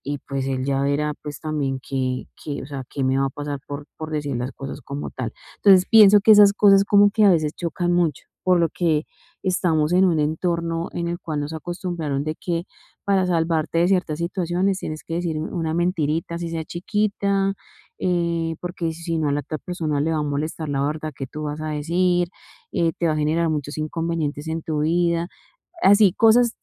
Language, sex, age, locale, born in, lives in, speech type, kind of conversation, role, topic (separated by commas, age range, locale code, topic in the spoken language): Spanish, female, 40-44, es-CO, Colombia, Spain, conversation, advice, user, ¿Cómo puedo alinear mis valores con mis acciones cada día?